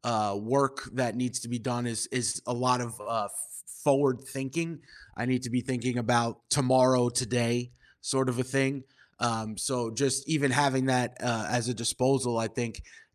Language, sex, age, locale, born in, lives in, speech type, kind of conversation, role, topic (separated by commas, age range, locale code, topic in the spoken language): English, male, 30-34, en-US, United States, United States, conversation, podcast, host, How do you stay motivated and focused when life takes an unexpected turn?